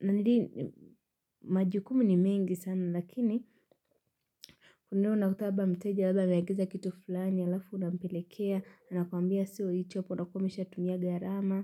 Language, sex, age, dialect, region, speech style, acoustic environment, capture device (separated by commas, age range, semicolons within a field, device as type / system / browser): Swahili, female, 18-24, Kenya - Nairobi Sheng-influenced, Kenya, happy; relaxed; excited; focused, nature, mobile / Linux / Chrome